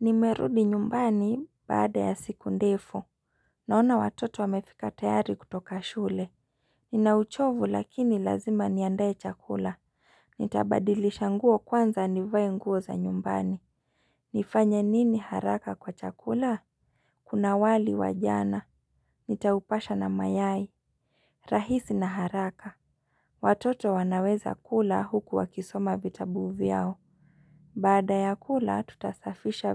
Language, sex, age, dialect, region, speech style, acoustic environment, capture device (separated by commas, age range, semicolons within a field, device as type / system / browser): Swahili, female, 25-34, Kenya - Nairobi Sheng-influenced, Kenya, relaxed; excited, silence, mobile / Linux / Chrome